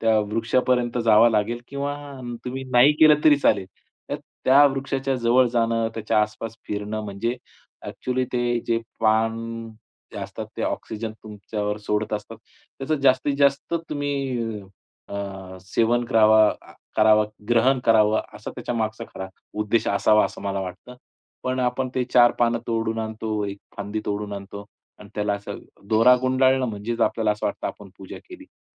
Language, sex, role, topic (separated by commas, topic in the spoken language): Marathi, male, guest, तुम्हाला एखाद्या झाडाशी जोडलेला कोणता अनुभव सांगता येईल का?